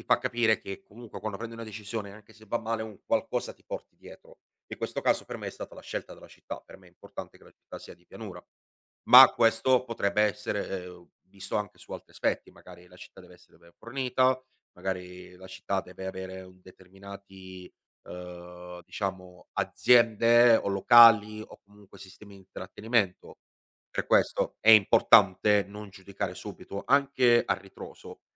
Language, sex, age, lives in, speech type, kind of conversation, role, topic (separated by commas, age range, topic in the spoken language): Italian, male, 25-29, Italy, conversation, podcast, guest, Cosa ti guida quando prendi decisioni importanti?